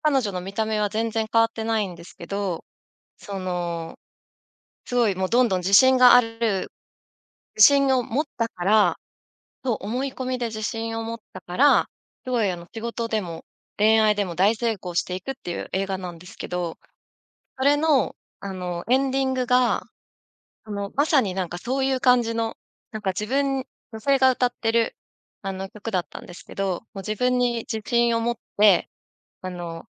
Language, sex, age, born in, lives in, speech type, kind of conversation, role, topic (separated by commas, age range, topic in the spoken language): Japanese, female, 35-39, Japan, Japan, conversation, podcast, guest, 新しい曲をどこで探していますか？